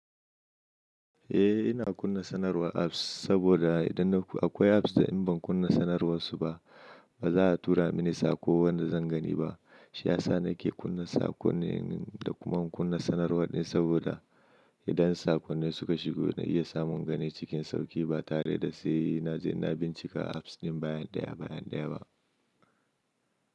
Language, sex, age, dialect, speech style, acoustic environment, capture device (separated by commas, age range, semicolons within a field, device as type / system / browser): Hausa, male, 25-34, Nigeria - Nigerian Standard, anxious; happy; excited; focused, silence; nature, mobile / Linux / Chrome